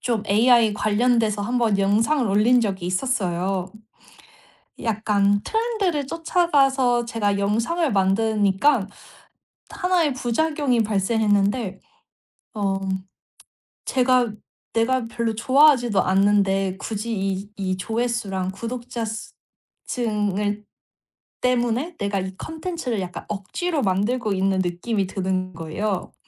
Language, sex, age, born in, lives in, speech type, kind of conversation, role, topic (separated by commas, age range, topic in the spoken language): Korean, female, 35-39, South Korea, United States, conversation, podcast, guest, 창작할 때는 보통 어디에서 영감을 얻으세요?